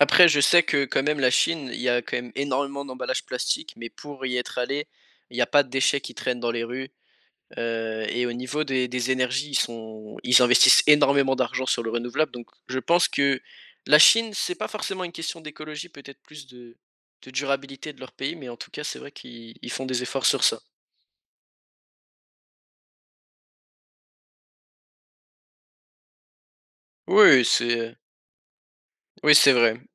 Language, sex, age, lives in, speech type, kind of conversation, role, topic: French, male, 18-19, France, conversation, podcast, host, Quels gestes simples peut-on adopter chez soi pour réduire les déchets plastiques ?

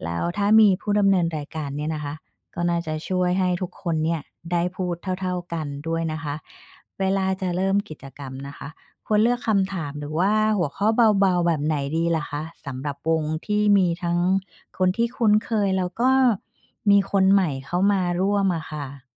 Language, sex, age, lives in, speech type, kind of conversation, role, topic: Thai, female, 45-49, Thailand, read, expert-assistant, asker, แหล่งข้อมูลแบบไหนที่ช่วยให้เราเห็นมุมมองหลากหลายเวลาศึกษาชุมชนในไทย?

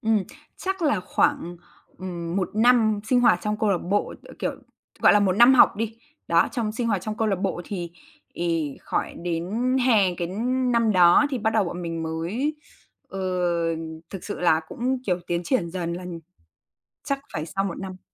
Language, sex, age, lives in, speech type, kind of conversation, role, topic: Vietnamese, female, 20-24, Vietnam, conversation, podcast, guest, Bạn có thể kể về một tình bạn đã ảnh hưởng lớn đến bạn không?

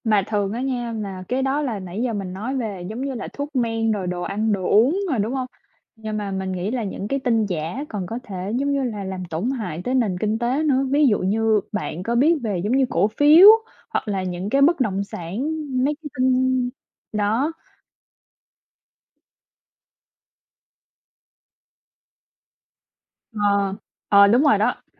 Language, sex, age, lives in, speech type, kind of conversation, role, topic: Vietnamese, male, 20-24, United States, conversation, unstructured, none, Tin giả lan truyền nhanh có gây hại cho xã hội không?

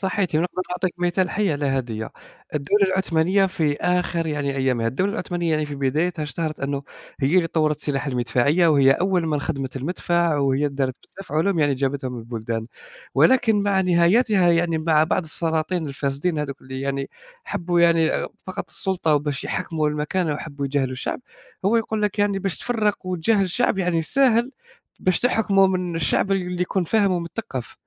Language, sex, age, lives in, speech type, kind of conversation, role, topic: Arabic, male, 20-24, Germany, conversation, unstructured, none, إنت شايف إن الدين بيعمل نزاعات ولا بيحلّها؟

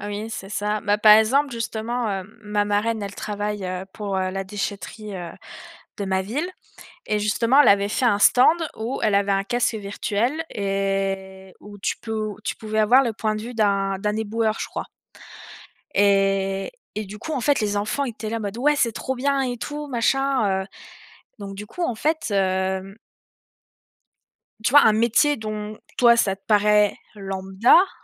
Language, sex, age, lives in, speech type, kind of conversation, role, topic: French, female, 20-24, France, conversation, unstructured, none, Comment les jeux vidéo peuvent-ils aider à apprendre ?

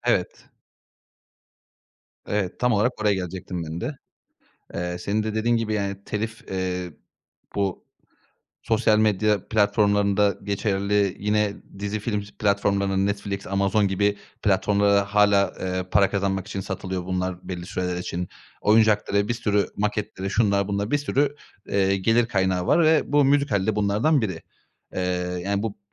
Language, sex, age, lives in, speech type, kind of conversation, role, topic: Turkish, male, 30-34, Bulgaria, conversation, podcast, host, Bir filmin müziği hikâyeyi nasıl etkiler?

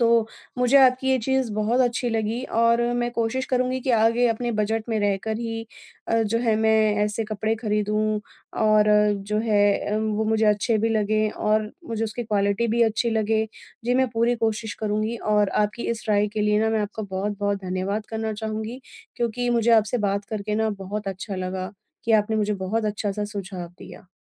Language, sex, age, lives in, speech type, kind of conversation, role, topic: Hindi, female, 30-34, India, conversation, advice, user, बजट में अच्छे और टिकाऊ सामान कैसे ढूंढूँ?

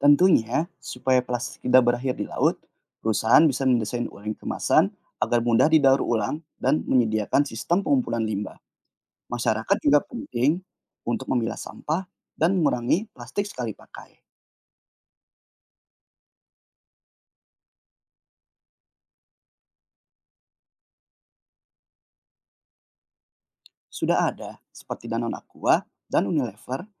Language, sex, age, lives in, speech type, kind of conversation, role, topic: Indonesian, male, 25-29, Indonesia, read, expert-assistant, expert, Apa solusi terbaik untuk mengatasi pencemaran plastik di laut dan bagaimana implementasinya di Indonesia?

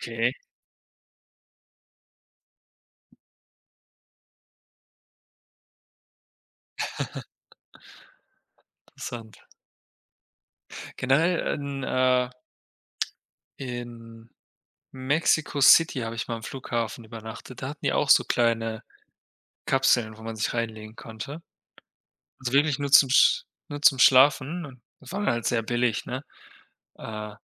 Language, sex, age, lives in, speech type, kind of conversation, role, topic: German, male, 25-29, Germany, conversation, unstructured, none, Wohin würdest du am liebsten einmal reisen, und warum?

